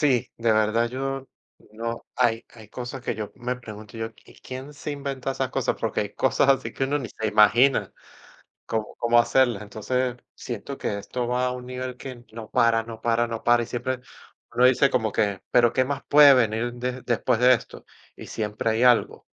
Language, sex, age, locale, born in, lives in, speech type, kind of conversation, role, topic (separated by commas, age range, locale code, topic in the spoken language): Spanish, male, 40-44, es-VE, Venezuela, United States, conversation, unstructured, none, ¿Cómo imaginas el futuro con la inteligencia artificial?